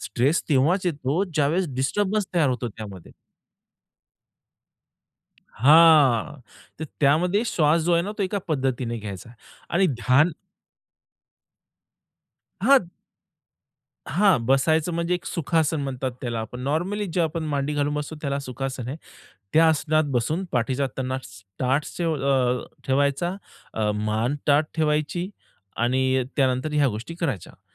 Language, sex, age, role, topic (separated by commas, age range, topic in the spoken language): Marathi, male, 30-34, guest, ध्यान सुरू करण्यासाठी सर्वात सोपा आणि प्रभावी उपाय कोणता सुचवाल?